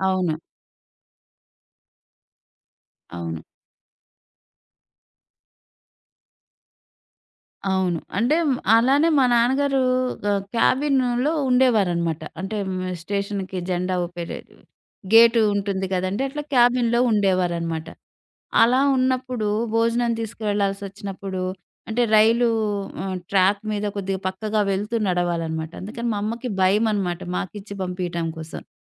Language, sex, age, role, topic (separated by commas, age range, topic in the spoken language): Telugu, female, 40-44, guest, స్థానికుల నుంచి మీకు సహాయం ఎలా లభించింది?